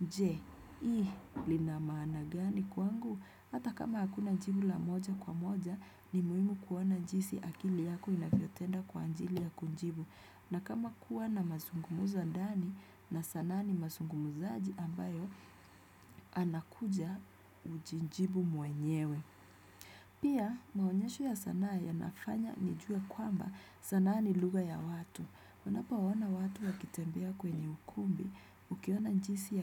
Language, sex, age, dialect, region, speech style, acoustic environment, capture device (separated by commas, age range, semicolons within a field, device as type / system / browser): Swahili, female, 25-34, Kenya - Nairobi Sheng-influenced, Kenya, relaxed; tired; focused, people talking; nature; appliances, desktop / Windows / Chrome